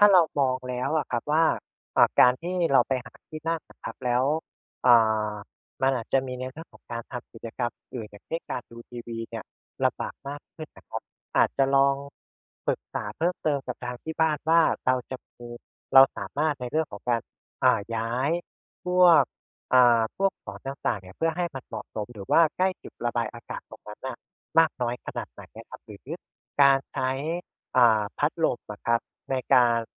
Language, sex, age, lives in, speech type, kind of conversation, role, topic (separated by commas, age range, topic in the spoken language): Thai, male, 25-29, Thailand, conversation, advice, advisor, ทำอย่างไรดีเมื่อพักผ่อนอยู่บ้านแล้วรู้สึกกระสับกระส่ายและไม่ผ่อนคลาย?